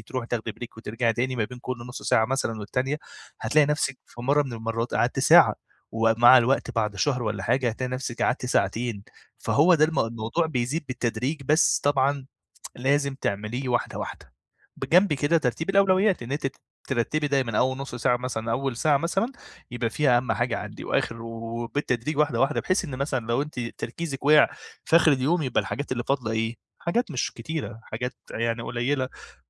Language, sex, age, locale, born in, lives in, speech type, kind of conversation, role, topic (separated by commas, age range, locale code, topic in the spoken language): Arabic, male, 25-29, ar-EG, Egypt, Egypt, conversation, advice, advisor, إزاي أزود مدة تركيزي عشان أكمل المذاكرة أو الشغل من غير تشتت؟